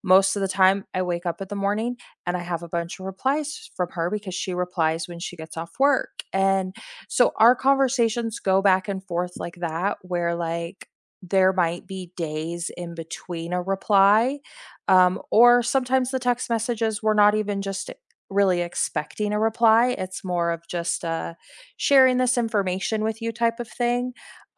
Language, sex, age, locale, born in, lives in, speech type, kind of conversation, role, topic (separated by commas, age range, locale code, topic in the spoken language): English, female, 40-44, en-US, United States, United States, conversation, unstructured, none, How often do you check in with friends, what prompts you to do it, and what makes those check-ins meaningful for both of you?